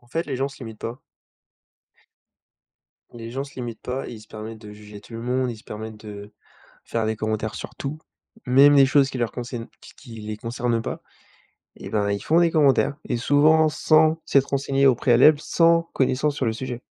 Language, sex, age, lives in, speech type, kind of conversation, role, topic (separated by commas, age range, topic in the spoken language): French, male, 20-24, France, conversation, unstructured, none, Qu’aimerais-tu que les autres comprennent mieux au sujet de la santé mentale ?